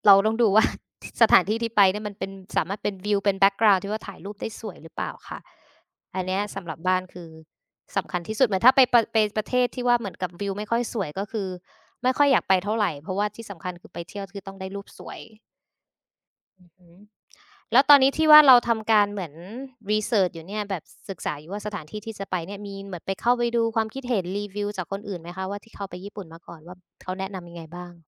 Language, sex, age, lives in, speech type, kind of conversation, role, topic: Thai, female, 25-29, Thailand, conversation, unstructured, none, คุณพิจารณาปัจจัยใดบ้างเมื่อต้องเลือกสถานที่ท่องเที่ยว?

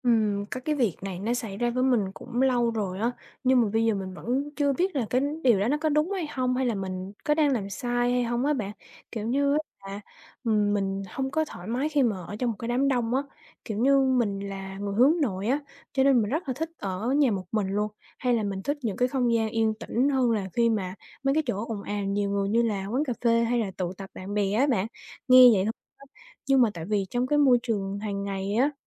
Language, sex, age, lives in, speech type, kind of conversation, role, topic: Vietnamese, female, 20-24, Vietnam, conversation, advice, user, Tôi cảm thấy không thoải mái khi ở giữa đám đông, tôi nên làm gì?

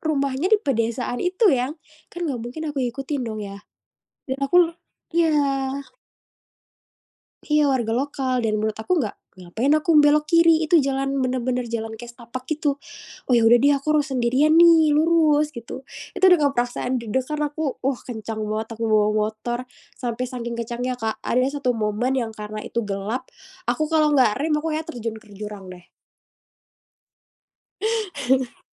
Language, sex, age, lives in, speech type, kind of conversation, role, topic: Indonesian, female, 25-29, Indonesia, conversation, podcast, guest, Apa pengalaman perjalanan paling tak terlupakan yang pernah kamu alami?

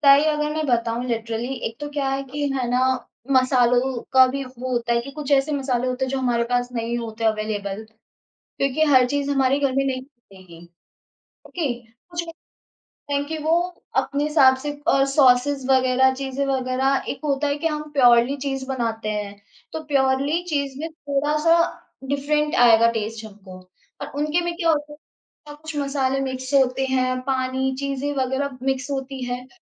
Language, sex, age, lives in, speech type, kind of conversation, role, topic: Hindi, female, 20-24, India, conversation, podcast, guest, Street food ya home cooking mein tum kya explore karte ho?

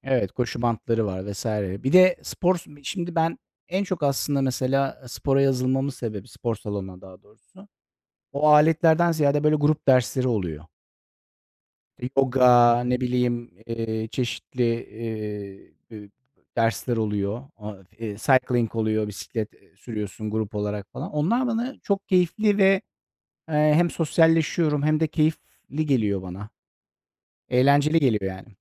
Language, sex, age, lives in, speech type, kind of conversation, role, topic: Turkish, male, 40-44, Netherlands, conversation, unstructured, none, Spor salonlarını pahalı bulanlar haklı mı?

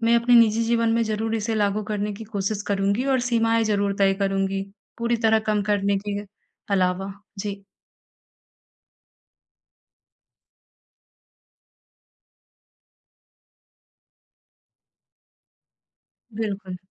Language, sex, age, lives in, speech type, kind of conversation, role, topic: Hindi, female, 55-59, India, conversation, advice, user, मैं विकर्षण कम करके अपना ध्यान कैसे बेहतर कर सकता/सकती हूँ?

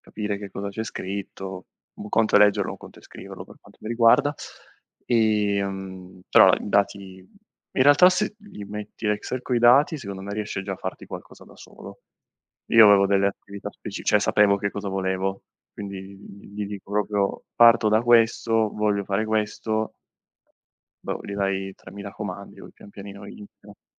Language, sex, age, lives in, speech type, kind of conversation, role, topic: Italian, male, 25-29, Italy, conversation, unstructured, none, Come pensi che la tecnologia abbia cambiato la scuola?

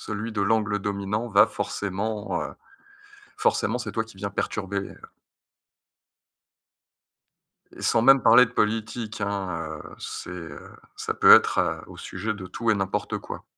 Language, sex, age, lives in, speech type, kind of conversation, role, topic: French, male, 35-39, France, conversation, advice, user, Comment puis-je me rapprocher des autres pendant les fêtes quand je me sens déconnecté ?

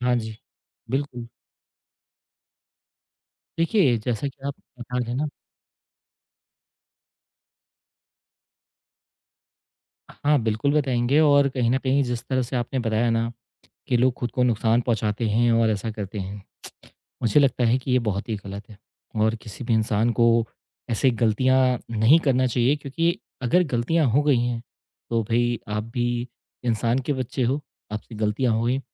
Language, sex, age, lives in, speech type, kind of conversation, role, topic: Hindi, male, 30-34, India, conversation, podcast, guest, जब पछतावा सताने लगता है, तो आप सबसे पहले क्या करते हैं?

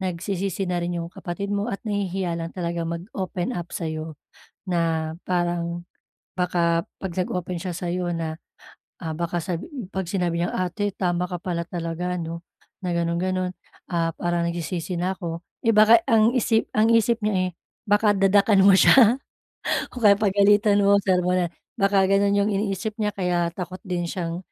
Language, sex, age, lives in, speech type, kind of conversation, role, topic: Filipino, female, 35-39, Philippines, conversation, advice, advisor, Paano namin maaayos ang ugnayan namin bilang magkakapatid kapag may alitan?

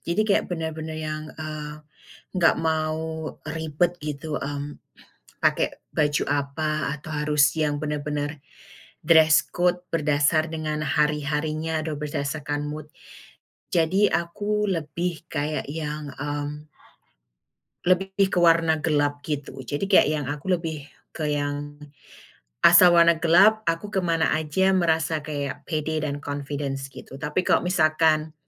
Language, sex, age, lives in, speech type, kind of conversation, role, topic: Indonesian, female, 35-39, United States, conversation, podcast, guest, Biasanya kamu memilih pakaian seperti apa saat sedang bad mood?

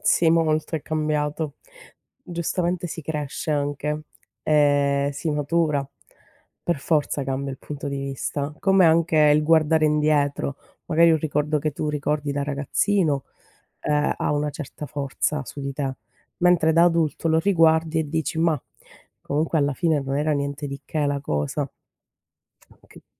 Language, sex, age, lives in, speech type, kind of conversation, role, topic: Italian, female, 30-34, Italy, conversation, unstructured, none, Quale momento del passato vorresti rivivere?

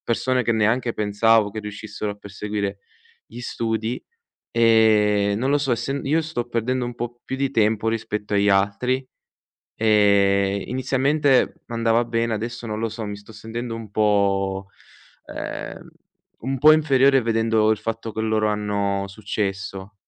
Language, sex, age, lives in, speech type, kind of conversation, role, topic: Italian, male, 20-24, Italy, conversation, advice, user, Come ti senti quando vedi sui social i successi degli altri e ti senti inferiore?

